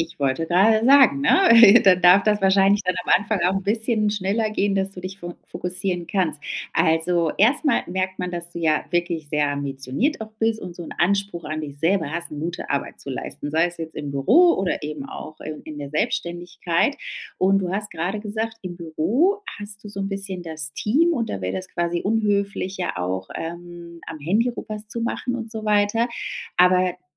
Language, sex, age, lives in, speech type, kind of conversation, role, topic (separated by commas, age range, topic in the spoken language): German, female, 35-39, Spain, conversation, advice, advisor, Wie kann ich meine Arbeitsblöcke planen und Ablenkungen effektiv minimieren?